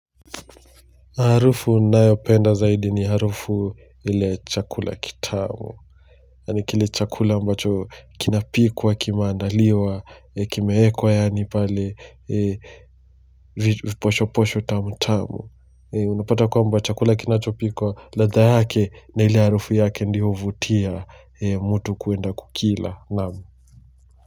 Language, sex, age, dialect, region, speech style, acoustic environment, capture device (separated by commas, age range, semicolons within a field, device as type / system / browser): Swahili, male, 25-34, Kenya - Mombasa, Kenya, relaxed; focused, silence, mobile / Linux / Chrome